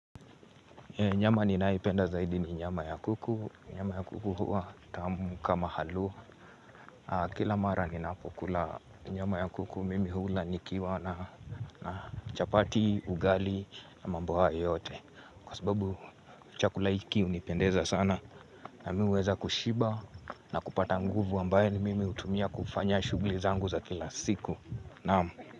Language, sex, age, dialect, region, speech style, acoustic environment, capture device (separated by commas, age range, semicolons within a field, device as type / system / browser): Swahili, male, 18-24, Kenya - Nairobi Sheng-influenced, Kenya, relaxed; focused, silence; nature, mobile / Linux / Chrome